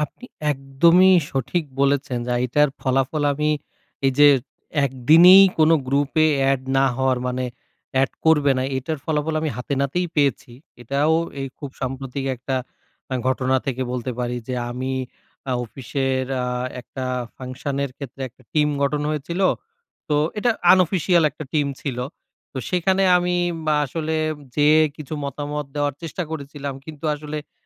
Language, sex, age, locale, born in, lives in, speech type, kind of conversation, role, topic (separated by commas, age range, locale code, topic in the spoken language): Bengali, male, 30-34, bn-BD, Bangladesh, Bangladesh, conversation, advice, user, আমি কীভাবে নতুন গোষ্ঠীতে সহজে অন্তর্ভুক্ত হতে পারি